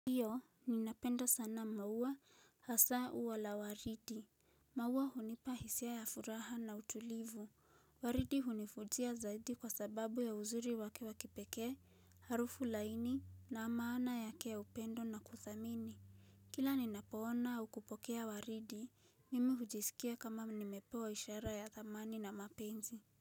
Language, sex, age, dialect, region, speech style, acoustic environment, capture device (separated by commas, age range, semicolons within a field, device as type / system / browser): Swahili, female, 18-24, Kenya - Nairobi Sheng-influenced, Kenya, relaxed, silence, mobile / Linux / Chrome